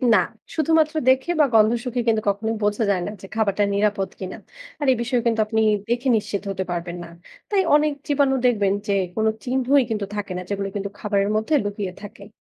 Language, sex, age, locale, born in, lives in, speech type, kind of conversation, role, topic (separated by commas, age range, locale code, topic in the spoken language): Bengali, female, 20-24, bn-BD, Bangladesh, Bangladesh, read, expert-assistant, expert, আমরা 'খাদ্য নিরাপত্তা' বললে ঠিক কী বোঝাই?